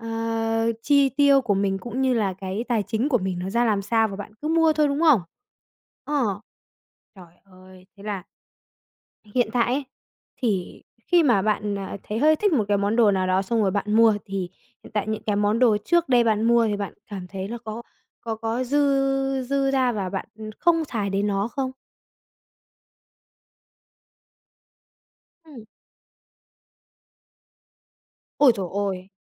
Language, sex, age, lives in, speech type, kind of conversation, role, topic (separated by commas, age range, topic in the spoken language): Vietnamese, female, 45-49, Vietnam, conversation, advice, advisor, Làm sao tôi có thể bắt đầu dọn dẹp bớt đồ khi đã để đồ đạc chất đống quá nhiều và cảm thấy vừa choáng vừa tội lỗi?